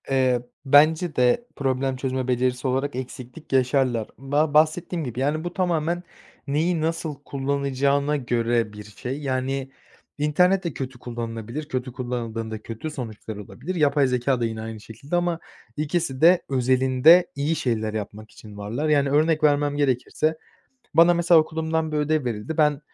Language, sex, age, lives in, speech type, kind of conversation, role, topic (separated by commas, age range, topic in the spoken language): Turkish, male, 20-24, Poland, conversation, podcast, guest, Teknoloji öğrenmeyi nasıl kolaylaştırır?